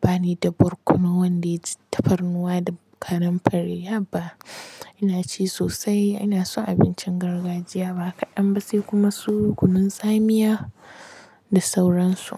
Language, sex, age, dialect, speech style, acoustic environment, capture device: Hausa, female, 25-34, Nigeria - Kano, relaxed, silence, mobile / Linux / Chrome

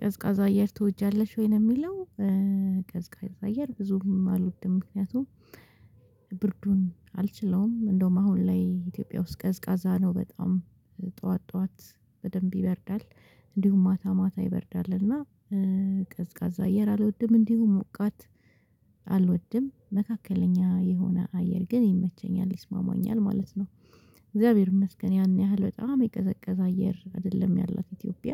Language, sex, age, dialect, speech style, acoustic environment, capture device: Amharic, female, 25-34, Ethiopia - Addis Ababa, relaxed, silence, mobile / Linux / Chrome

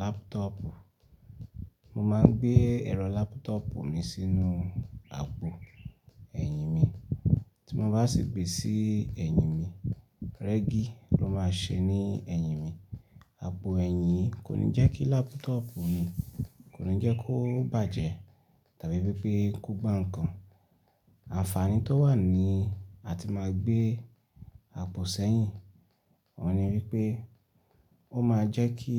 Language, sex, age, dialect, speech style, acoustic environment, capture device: Yoruba, male, 25-34, Nigeria - Ibadan, excited; focused; relaxed; happy, nature; silence, desktop / Linux / Chrome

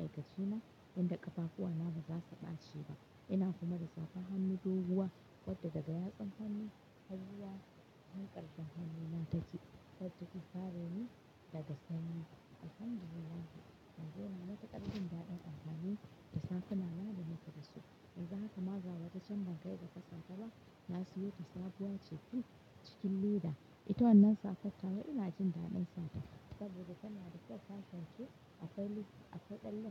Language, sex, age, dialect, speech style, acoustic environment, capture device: Hausa, female, 35-44, Nigeria - Katsina, happy, silence, mobile / Linux / Chrome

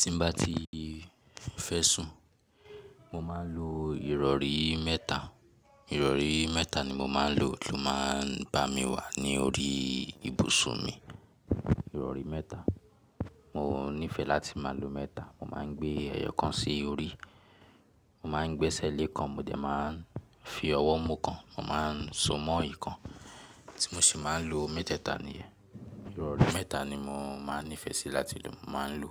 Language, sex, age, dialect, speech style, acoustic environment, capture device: Yoruba, male, 18-24, Nigeria - Lagos Yoruba, relaxed, silence; people talking, mobile / Linux / Chrome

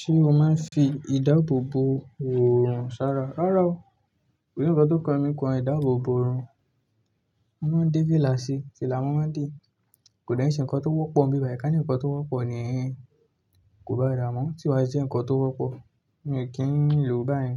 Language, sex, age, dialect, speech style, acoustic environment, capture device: Yoruba, male, 18-24, Nigeria - Ibadan, relaxed, nature, desktop / Linux / Chrome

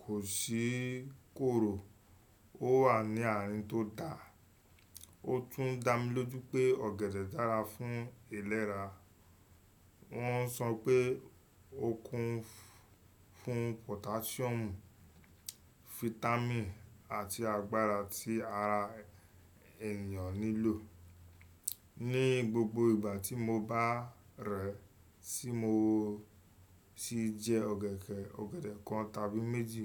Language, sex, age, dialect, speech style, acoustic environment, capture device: Yoruba, male, 25-34, Nigeria - Lagos Yoruba, focused, nature, desktop / Windows / Chrome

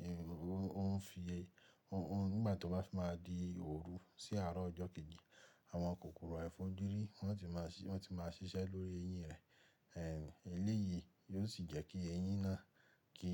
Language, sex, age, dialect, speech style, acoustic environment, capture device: Yoruba, male, 25-34, Nigeria - Ibadan, focused, nature, mobile / Linux / Chrome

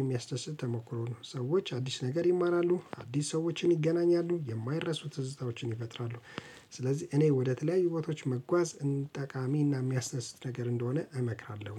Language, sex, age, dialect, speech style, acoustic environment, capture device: Amharic, male, 35-44, Ethiopia - Addis Ababa, relaxed; focused, silence, mobile / Linux / Chrome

